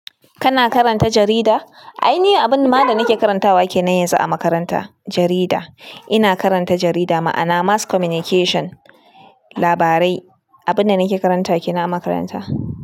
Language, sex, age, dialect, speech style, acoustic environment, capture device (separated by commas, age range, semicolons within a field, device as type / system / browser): Hausa, female, 25-34, Nigeria - Kano, anxious; nervous, people talking; appliances, desktop / Linux / Chrome